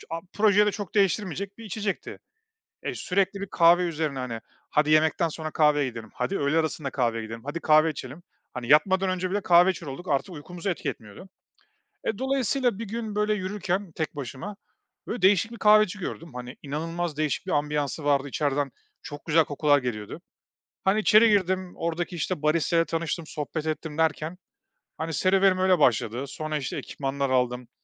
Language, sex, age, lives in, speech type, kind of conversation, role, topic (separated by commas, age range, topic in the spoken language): Turkish, male, 35-39, Estonia, conversation, podcast, guest, Bir hobiye yeniden dönmek ruh halimizi nasıl etkiler?